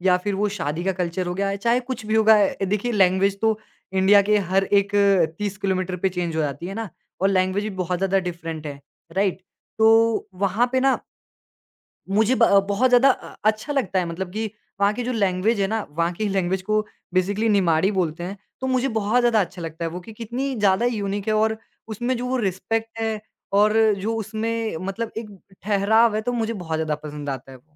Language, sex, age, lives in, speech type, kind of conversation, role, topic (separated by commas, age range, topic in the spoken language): Hindi, male, 20-24, India, conversation, podcast, guest, किस जगह के लोगों ने आपको सबसे ज़्यादा अपनाया?